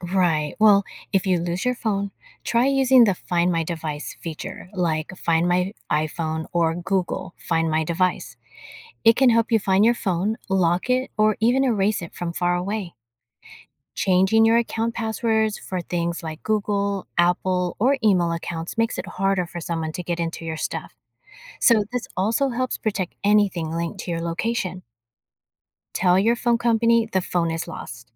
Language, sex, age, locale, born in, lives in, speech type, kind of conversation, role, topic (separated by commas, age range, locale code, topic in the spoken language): English, female, 50-54, en-US, United States, United States, read, expert-assistant, expert, How does a GPS know your location?